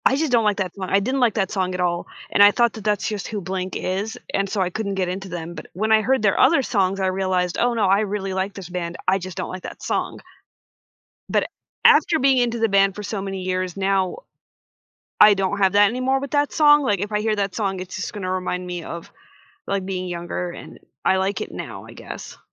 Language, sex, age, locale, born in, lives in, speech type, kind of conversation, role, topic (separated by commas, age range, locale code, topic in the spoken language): English, female, 25-29, en-US, United States, United States, conversation, podcast, guest, How do friendships shape the way we discover and enjoy music?